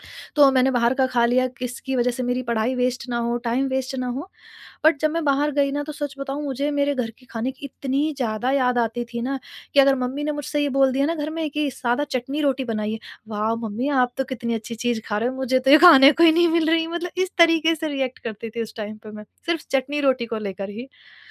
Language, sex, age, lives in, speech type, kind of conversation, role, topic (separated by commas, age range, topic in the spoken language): Hindi, female, 30-34, India, conversation, podcast, guest, Kaunsi simple dish aapko turant comfort de deti hai?